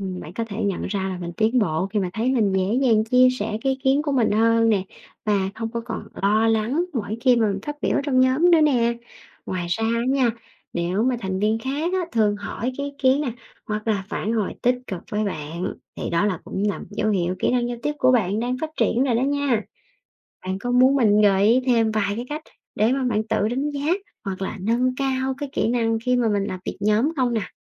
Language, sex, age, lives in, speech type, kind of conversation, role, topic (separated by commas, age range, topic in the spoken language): Vietnamese, female, 30-34, Vietnam, read, expert-assistant, expert, Những kỹ năng cần thiết để làm việc nhóm hiệu quả